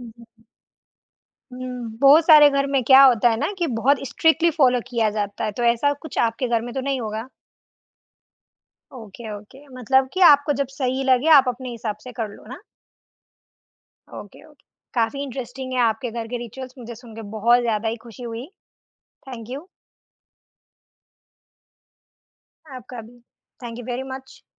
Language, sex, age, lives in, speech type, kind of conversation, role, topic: Hindi, female, 20-24, India, conversation, podcast, host, Subah uthte hi aap sabse pehle ghar ka kaunsa riwaaz follow karte ho?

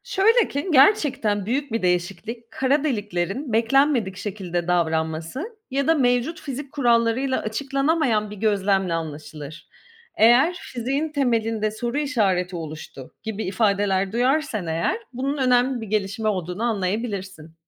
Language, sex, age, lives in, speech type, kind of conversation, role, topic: Turkish, female, 35-39, Greece, read, expert-assistant, expert, Kozmolojiyle ilgili okuduğum bilgilerin doğruluğunu nasıl kontrol edebilirim?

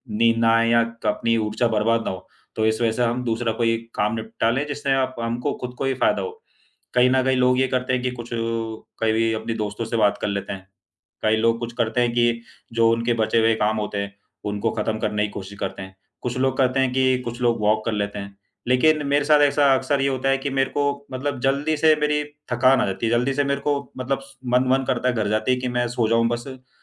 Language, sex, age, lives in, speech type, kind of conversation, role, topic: Hindi, male, 25-29, India, conversation, advice, user, मैं अपने ऊर्जा स्तर का सही आकलन करके उसे थकान और बर्नआउट से कैसे अलग करूँ?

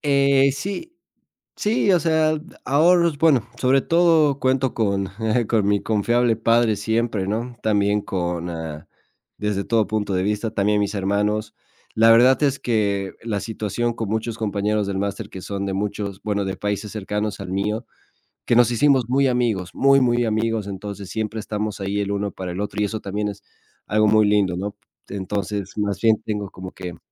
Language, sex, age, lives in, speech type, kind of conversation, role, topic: Spanish, male, 25-29, Spain, conversation, advice, user, ¿Cómo viviste la pérdida de tu empleo y por qué sientes la necesidad de redefinir tu rutina y tu propósito?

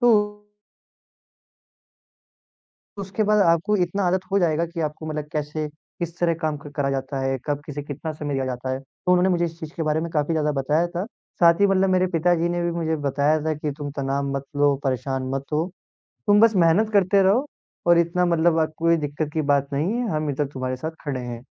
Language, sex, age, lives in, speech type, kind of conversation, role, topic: Hindi, male, 18-19, India, conversation, advice, user, मैं रोज़मर्रा की आदतों में स्थिरता कैसे बनाए रख सकता/सकती हूँ?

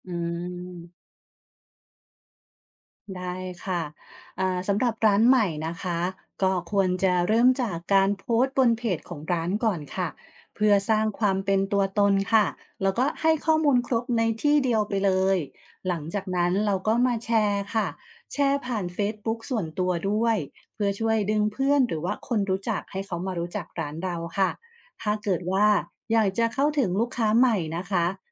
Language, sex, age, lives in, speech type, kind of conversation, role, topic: Thai, female, 45-49, Thailand, read, expert-assistant, expert, ถ้ามีเงินจำกัดในการเริ่มธุรกิจ ควรให้ความสำคัญกับอะไรเป็นอันดับแรก ระหว่างราคาสินค้า คุณภาพ หรือบริการ?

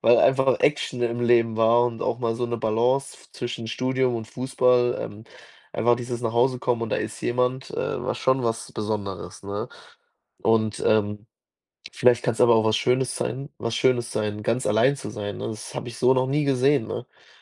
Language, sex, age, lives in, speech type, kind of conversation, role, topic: German, male, 20-24, Germany, conversation, advice, user, Wie hast du die Trennung oder Scheidung und das plötzliche Alleinleben nach einer langen Beziehung erlebt?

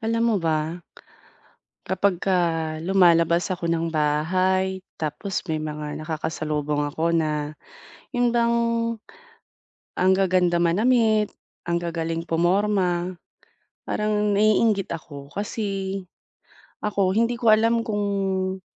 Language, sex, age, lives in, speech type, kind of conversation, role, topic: Filipino, female, 30-34, Philippines, conversation, advice, user, Paano ako pipili ng angkop na pananamit na babagay sa aking estilo at okasyon?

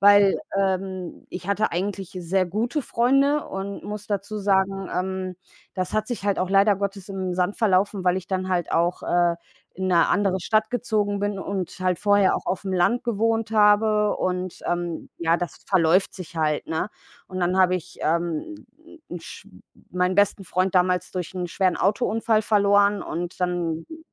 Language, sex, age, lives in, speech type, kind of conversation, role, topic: German, female, 30-34, Germany, conversation, unstructured, none, Wie wichtig sind Freundschaften für dein Wohlbefinden?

